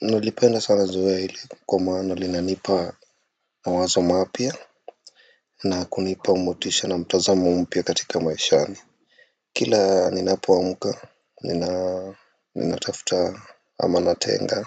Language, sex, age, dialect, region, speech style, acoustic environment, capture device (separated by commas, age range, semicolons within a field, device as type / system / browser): Swahili, male, 25-34, Kenya - Nairobi Sheng-influenced, Kenya, relaxed; focused, silence, desktop / Windows / Firefox